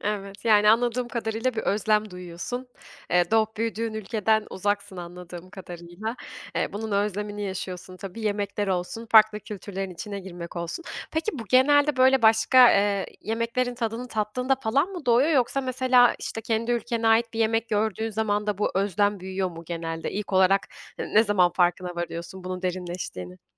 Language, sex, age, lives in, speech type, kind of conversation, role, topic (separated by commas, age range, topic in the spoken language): Turkish, female, 25-29, Germany, conversation, podcast, host, Kültürel mirasın değerini derinden hissettiğin bir yeri anlatır mısın?